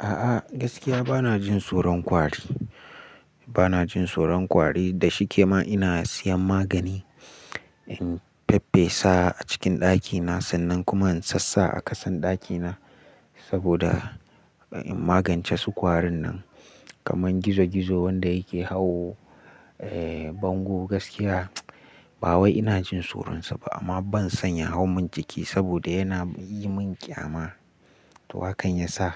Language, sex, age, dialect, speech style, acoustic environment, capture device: Hausa, male, 18-24, Nigeria - Nigerian Standard, relaxed; focused; happy, nature; appliances, mobile / Linux / Chrome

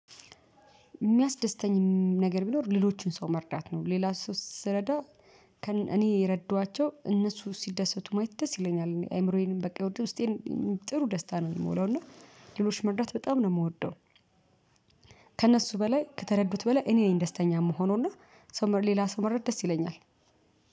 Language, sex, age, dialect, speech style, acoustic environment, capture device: Amharic, female, 35-44, Ethiopia - Addis Ababa, focused, people talking; traffic; construction; music, mobile / Linux / Chrome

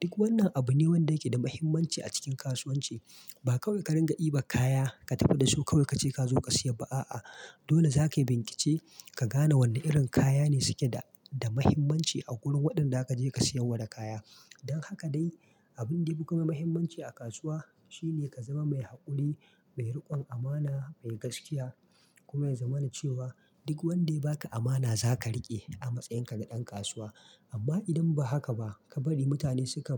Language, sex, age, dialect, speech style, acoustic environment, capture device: Hausa, male, 25-34, Nigeria - Kano, excited; focused; relaxed; happy, silence, mobile / Linux / Chrome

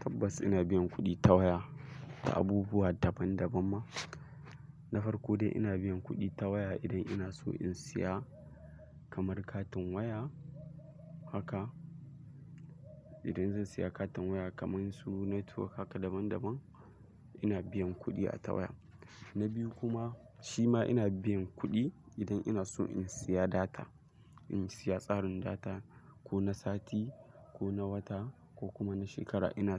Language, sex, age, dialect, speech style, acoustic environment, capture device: Hausa, male, 18-24, Nigeria - Kano, excited; focused, silence, mobile / Linux / Chrome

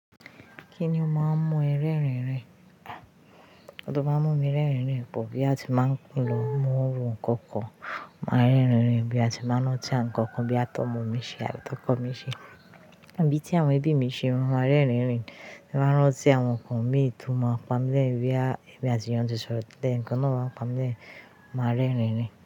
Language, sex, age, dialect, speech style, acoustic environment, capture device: Yoruba, female, 25-34, Nigeria - Ijebu, happy, silence, mobile / Linux / Chrome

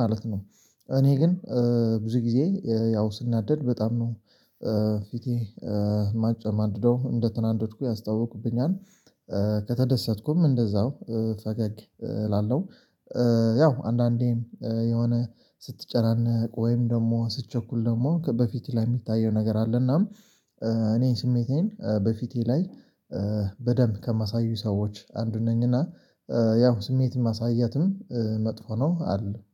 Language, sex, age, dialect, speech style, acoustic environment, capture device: Amharic, male, 25-34, Ethiopia - Addis Ababa, focused; excited; happy, silence, mobile / Linux / Chrome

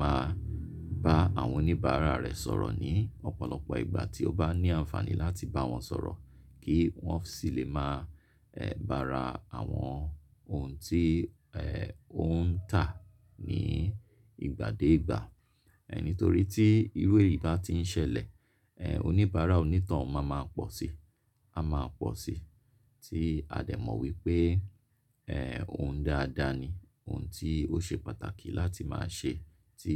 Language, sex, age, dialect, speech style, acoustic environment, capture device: Yoruba, male, 35-44, Nigeria - Lagos Yoruba, relaxed; focused, nature, mobile / Linux / Chrome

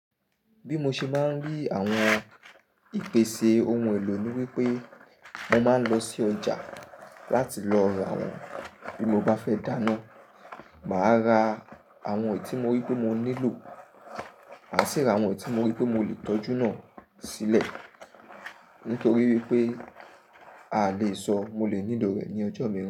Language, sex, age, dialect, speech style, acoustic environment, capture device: Yoruba, male, 25-34, Nigeria - Nigerian Standard, happy, nature, mobile / Linux / Chrome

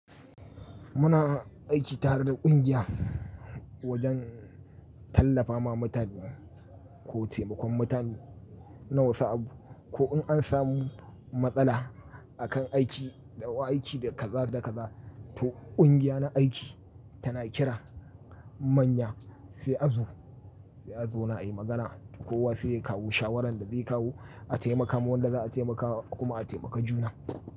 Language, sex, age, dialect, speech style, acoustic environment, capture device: Hausa, male, 35-44, Nigeria - Kano, happy, nature, mobile / Linux / Chrome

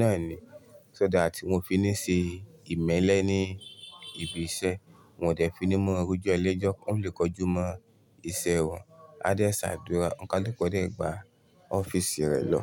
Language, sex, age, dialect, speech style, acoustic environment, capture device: Yoruba, male, 25-34, Nigeria - Ibadan, happy, silence, desktop / Linux / Chrome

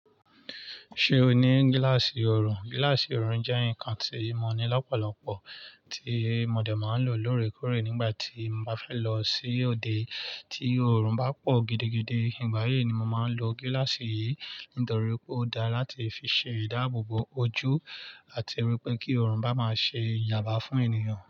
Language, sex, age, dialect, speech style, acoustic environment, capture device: Yoruba, male, 25-34, Nigeria - Lagos Yoruba, relaxed, nature, mobile / Linux / Chrome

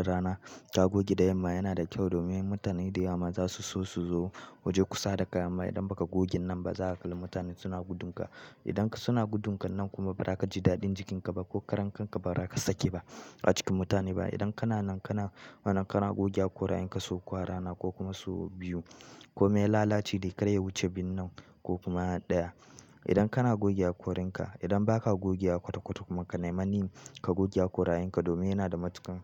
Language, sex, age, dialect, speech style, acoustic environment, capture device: Hausa, male, 18-24, Nigeria - Kano, happy, people talking; nature, mobile / Linux / Chrome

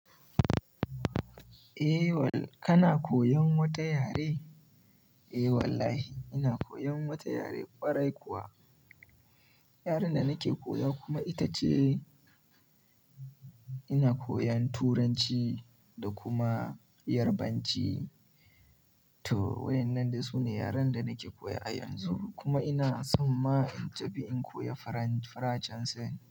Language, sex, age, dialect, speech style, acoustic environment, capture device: Hausa, male, 18-24, Nigeria - Kano, happy; excited, people talking; silence, mobile / Linux / Chrome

